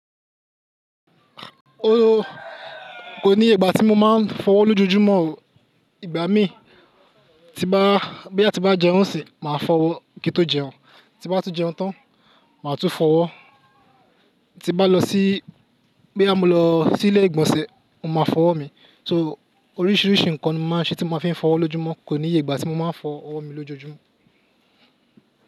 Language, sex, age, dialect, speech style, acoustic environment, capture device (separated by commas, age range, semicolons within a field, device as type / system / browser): Yoruba, male, 25-34, Nigeria - Lagos Yoruba, focused, people talking, mobile / Linux / Chrome